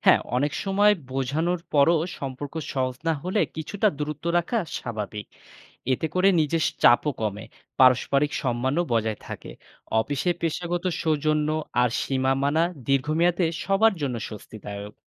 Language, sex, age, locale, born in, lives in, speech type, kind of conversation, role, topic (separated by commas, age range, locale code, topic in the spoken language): Bengali, male, 30-34, bn-BD, Bangladesh, Bangladesh, read, expert-assistant, expert, কীভাবে আমি মানুষের আচরণ বুঝতে শিখতে পারি?